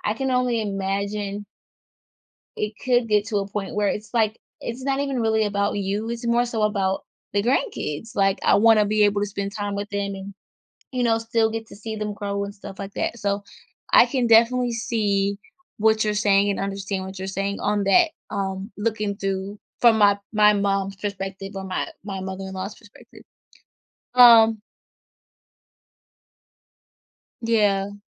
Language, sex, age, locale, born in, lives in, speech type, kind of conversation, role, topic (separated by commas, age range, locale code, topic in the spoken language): English, female, 25-29, en-US, United States, United States, conversation, podcast, host, How has forgiveness shaped your relationships and personal growth?